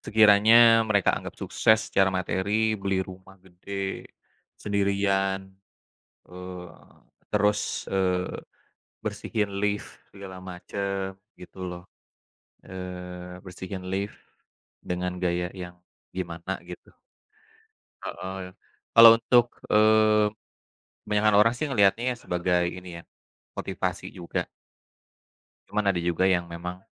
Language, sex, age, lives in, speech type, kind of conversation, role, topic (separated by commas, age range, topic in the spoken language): Indonesian, male, 35-39, Indonesia, conversation, unstructured, none, Apa pengaruh media sosial terhadap cara kamu mengekspresikan identitas?